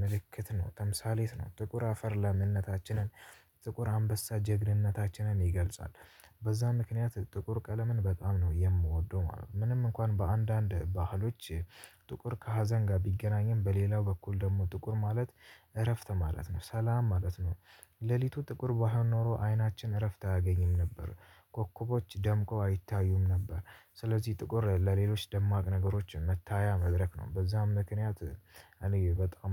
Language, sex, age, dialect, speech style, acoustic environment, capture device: Amharic, male, 18-24, Ethiopia - Addis Ababa, tired; anxious; nervous, nature; music; construction, mobile / Linux / Chrome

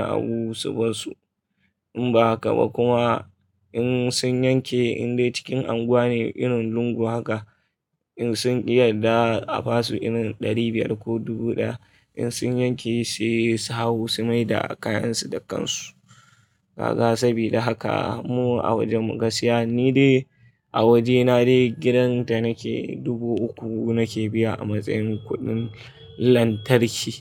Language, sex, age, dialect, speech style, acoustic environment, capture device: Hausa, male, 25-34, Nigeria - Kano, relaxed, people talking; traffic; appliances, mobile / Linux / Chrome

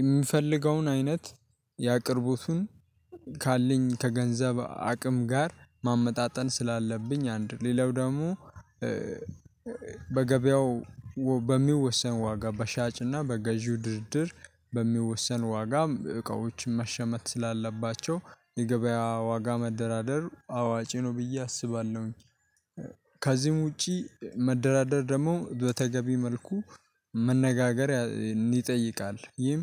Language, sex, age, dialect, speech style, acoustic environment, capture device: Amharic, male, 18-24, Ethiopia - Addis Ababa, happy, nature, mobile / Linux / Chrome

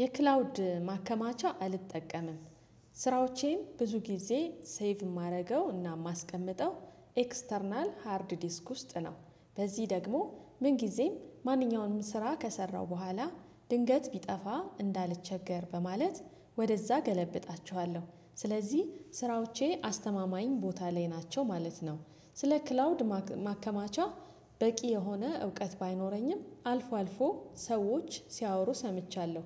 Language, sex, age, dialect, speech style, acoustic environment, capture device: Amharic, female, 35-44, Ethiopia - Addis Ababa, happy, silence, desktop / Windows / Chrome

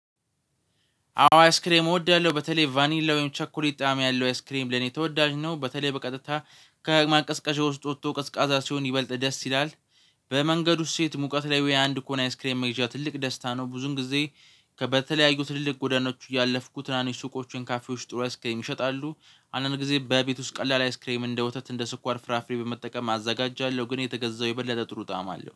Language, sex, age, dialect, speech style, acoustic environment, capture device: Amharic, male, 18-24, Ethiopia - Addis Ababa, focused, silence, mobile / Linux / Chrome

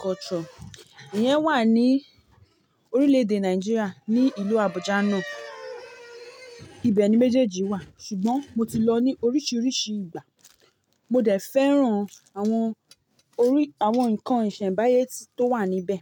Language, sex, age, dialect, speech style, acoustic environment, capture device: Yoruba, female, 25-34, Nigeria - Ibadan, nervous, appliances, mobile / Linux / Chrome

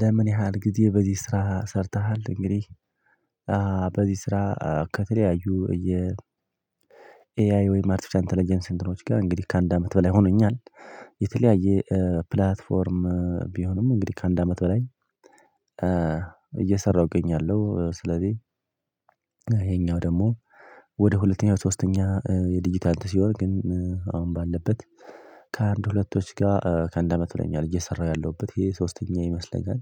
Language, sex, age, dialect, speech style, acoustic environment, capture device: Amharic, male, 25-34, Ethiopia - Addis Ababa, happy; excited; focused, nature; silence, mobile / Linux / Chrome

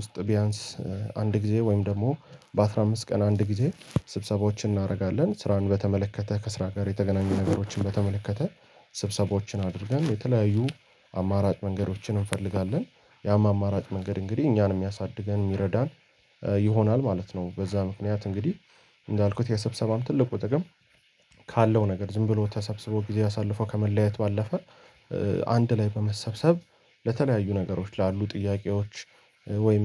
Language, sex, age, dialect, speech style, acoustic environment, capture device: Amharic, male, 18-24, Ethiopia - Addis Ababa, happy; anxious; relaxed; focused, people talking; music; nature; silence, mobile / Linux / Chrome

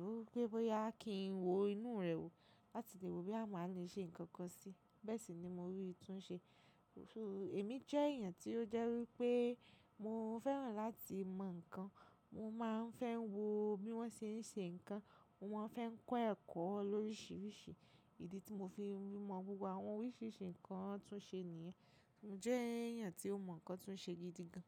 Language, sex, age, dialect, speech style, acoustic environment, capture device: Yoruba, female, 25-34, Nigeria - Lagos Yoruba, relaxed, silence, mobile / macOS / Safari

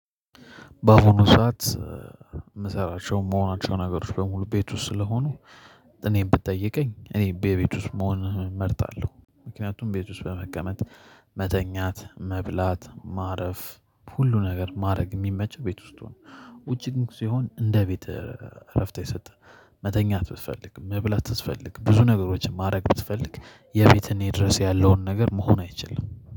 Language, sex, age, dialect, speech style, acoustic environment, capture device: Amharic, male, 25-34, Ethiopia - Addis Ababa, happy, nature, mobile / Linux / Chrome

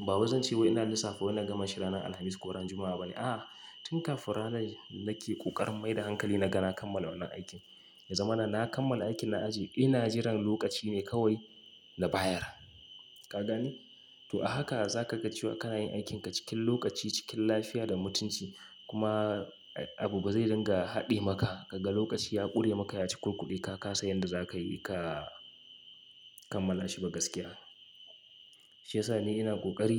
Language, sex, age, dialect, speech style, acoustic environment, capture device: Hausa, male, 25-34, Nigeria - Kano, focused, silence; nature, mobile / Linux / Chrome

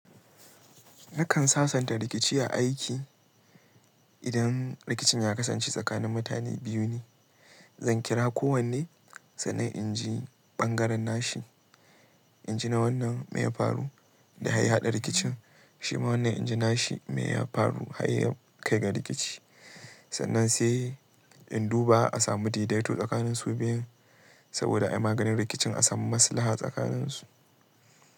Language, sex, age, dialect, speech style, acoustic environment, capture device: Hausa, male, 18-24, Nigeria - Kano, relaxed, silence, mobile / Linux / Chrome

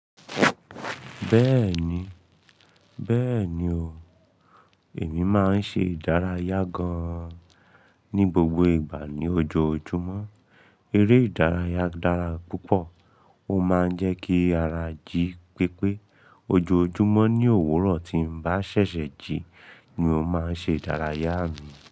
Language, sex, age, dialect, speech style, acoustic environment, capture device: Yoruba, male, 25-34, Nigeria - Ibadan, excited, nature, mobile / Linux / Chrome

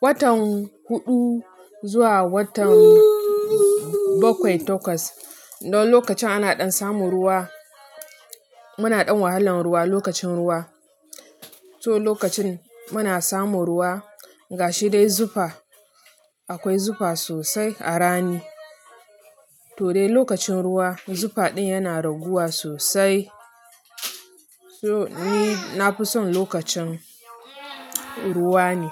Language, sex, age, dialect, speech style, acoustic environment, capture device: Hausa, female, 25-34, Nigeria - Nigerian Standard, relaxed, music, mobile / Linux / Chrome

